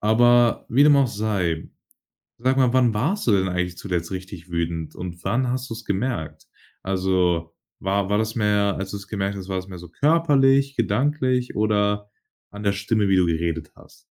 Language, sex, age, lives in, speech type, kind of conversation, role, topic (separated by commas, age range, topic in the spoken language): German, male, 18-19, Germany, conversation, podcast, host, Wie verarbeitest du Wut?